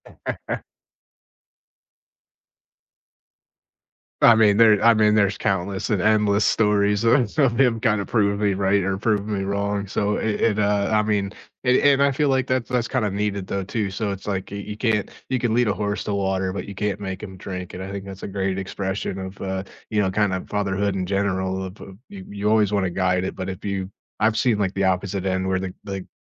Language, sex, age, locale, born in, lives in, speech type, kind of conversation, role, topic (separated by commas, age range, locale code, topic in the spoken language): English, male, 30-34, en-US, United States, United States, conversation, unstructured, none, Who shaped your outlook on life, and how do you keep those connections alive today?